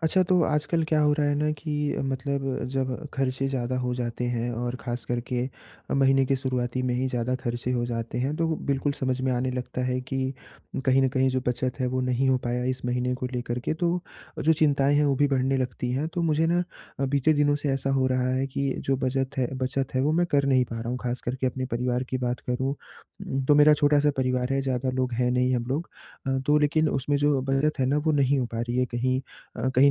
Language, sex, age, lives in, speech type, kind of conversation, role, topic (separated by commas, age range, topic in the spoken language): Hindi, male, 20-24, India, conversation, advice, user, बचत करते हुए जीवन का आनंद कैसे बनाए रखें?